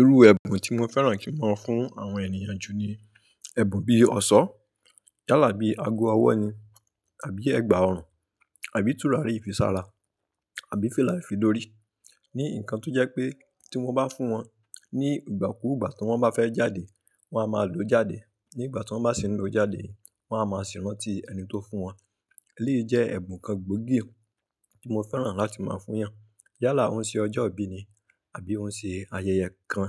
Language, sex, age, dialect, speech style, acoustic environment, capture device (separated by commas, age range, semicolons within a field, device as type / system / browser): Yoruba, male, 25-34, Nigeria - Ibadan, happy, people talking, mobile / Linux / Chrome